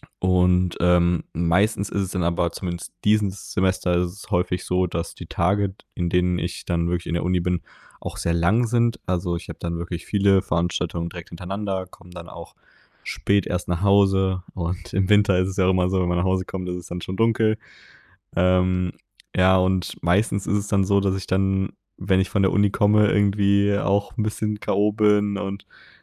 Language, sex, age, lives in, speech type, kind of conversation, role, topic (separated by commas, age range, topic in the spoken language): German, male, 20-24, Germany, conversation, advice, user, Wie kann ich meine tägliche Selbstdisziplin langfristig aufrechterhalten?